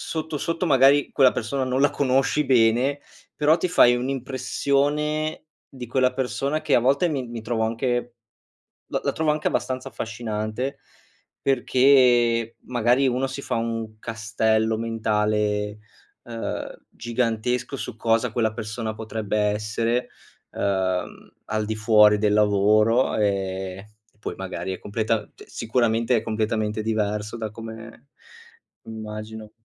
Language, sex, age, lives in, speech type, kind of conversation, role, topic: Italian, male, 25-29, Italy, conversation, podcast, guest, Dove trovi ispirazione nella vita di tutti i giorni?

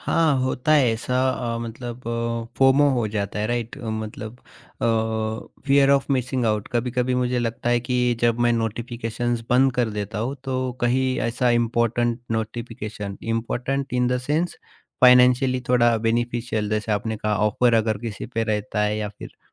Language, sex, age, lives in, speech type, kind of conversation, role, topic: Hindi, male, 30-34, India, conversation, podcast, guest, Tum notifications kaise manage karte ho taaki kaam mein distraction na ho?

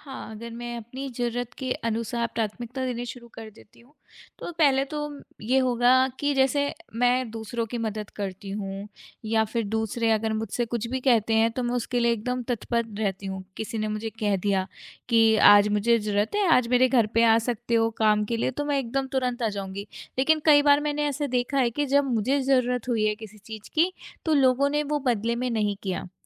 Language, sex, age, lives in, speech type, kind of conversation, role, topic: Hindi, female, 25-29, India, conversation, advice, user, किसी को ‘ना’ कहने पर आपको दोषी क्यों महसूस होता है?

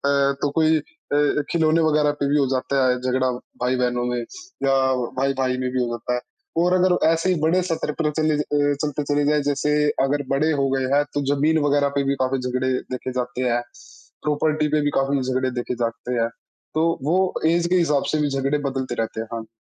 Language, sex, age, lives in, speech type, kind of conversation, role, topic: Hindi, male, 20-24, India, conversation, unstructured, none, परिवार में सबसे बड़ा झगड़ा आमतौर पर किस बात पर होता है?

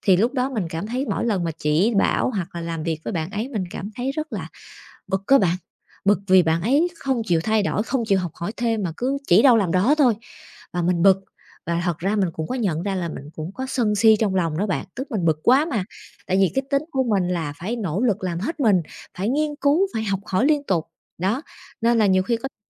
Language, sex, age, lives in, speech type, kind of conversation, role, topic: Vietnamese, female, 30-34, Vietnam, conversation, advice, user, Làm thế nào để quan sát cảm xúc của mình mà không phán xét khi tôi đang bực mình?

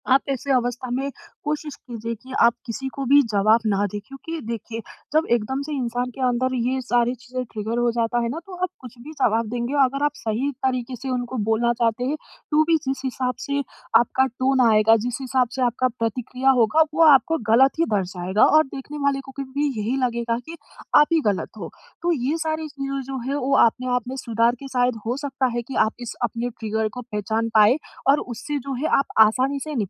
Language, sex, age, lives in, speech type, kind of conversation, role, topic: Hindi, female, 20-24, India, conversation, advice, advisor, मैं अपने ट्रिगर्स को कैसे पहचानूं और उनसे प्रभावी ढंग से कैसे निपटूं?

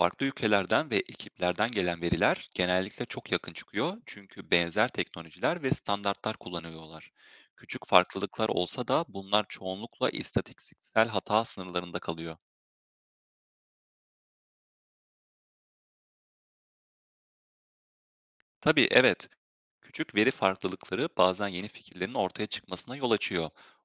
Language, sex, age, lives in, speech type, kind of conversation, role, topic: Turkish, male, 25-29, Germany, read, expert-assistant, expert, Evrenin genişlediğini söyleyen bilgilere nasıl güveniyoruz? Hangi kaynaklara dayanıyorlar?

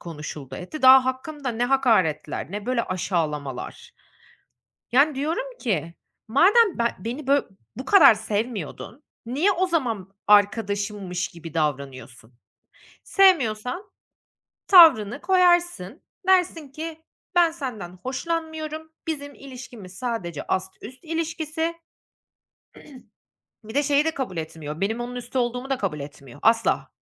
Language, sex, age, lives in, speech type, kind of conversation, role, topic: Turkish, female, 30-34, Netherlands, conversation, podcast, guest, Güven kaybı yaşandığında sence güveni yeniden nasıl kurarsın?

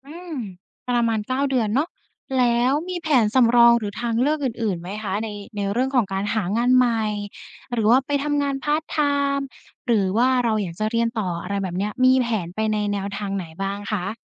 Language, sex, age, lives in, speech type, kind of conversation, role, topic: Thai, female, 20-24, Thailand, conversation, advice, advisor, ควรตัดสินใจลาออกอย่างไรเมื่อกังวลว่าอนาคตจะไม่แน่นอน?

